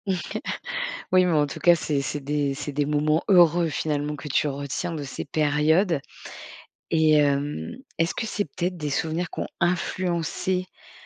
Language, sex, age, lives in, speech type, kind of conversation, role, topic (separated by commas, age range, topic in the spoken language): French, female, 40-44, France, conversation, podcast, host, Dis, quels souvenirs d’enfance te renvoient aux saisons ?